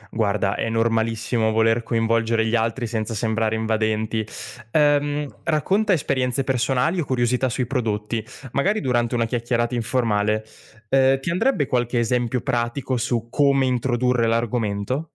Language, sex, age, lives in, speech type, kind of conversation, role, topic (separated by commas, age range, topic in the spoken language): Italian, male, 20-24, Italy, read, expert-assistant, expert, Se voglio aiutare la crescita economica nei paesi in difficoltà, quali piccole scelte posso fare nella mia vita di tutti i giorni?